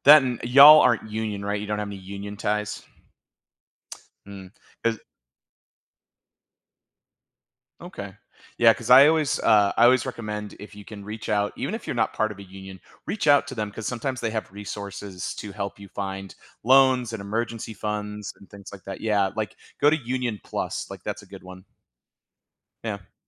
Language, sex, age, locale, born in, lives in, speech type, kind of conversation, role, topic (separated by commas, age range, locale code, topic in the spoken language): English, male, 35-39, en-US, United States, United States, conversation, advice, advisor, How can I manage feeling overwhelmed by financial worries and regain control?